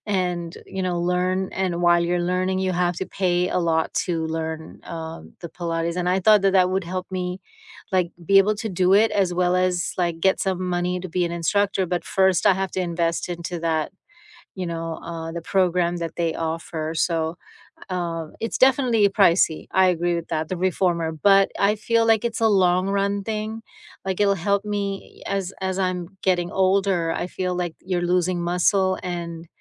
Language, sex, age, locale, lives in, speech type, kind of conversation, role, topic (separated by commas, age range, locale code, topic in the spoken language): English, female, 50-54, en-US, United States, conversation, unstructured, none, What is the best part of your morning routine, and what makes it meaningful to you?